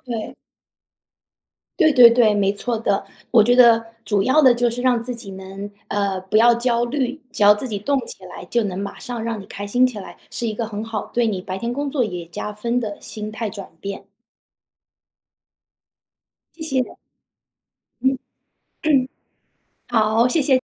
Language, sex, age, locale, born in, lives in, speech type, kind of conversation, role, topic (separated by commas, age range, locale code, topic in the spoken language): Chinese, female, 30-34, zh-CN, China, United States, conversation, advice, advisor, 我想为了追求更真实的自我而换工作，但又担心风险，该怎么办？